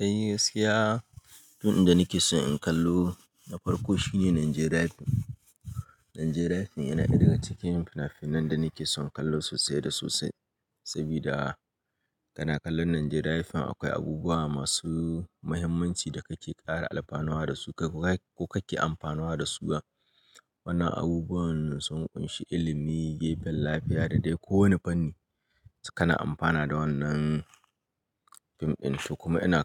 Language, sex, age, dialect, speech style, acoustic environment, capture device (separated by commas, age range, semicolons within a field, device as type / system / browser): Hausa, male, 25-34, Nigeria - Kano, happy, people talking, mobile / Linux / Chrome